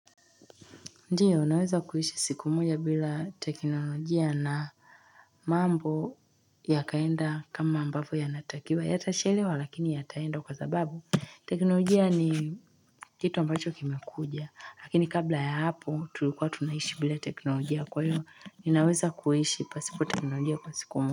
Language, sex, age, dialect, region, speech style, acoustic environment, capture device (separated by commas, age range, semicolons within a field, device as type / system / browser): Swahili, female, 25-34, Tanzania - Dar es Salaam, Tanzania, relaxed; tired, silence; nature, mobile / Linux / Chrome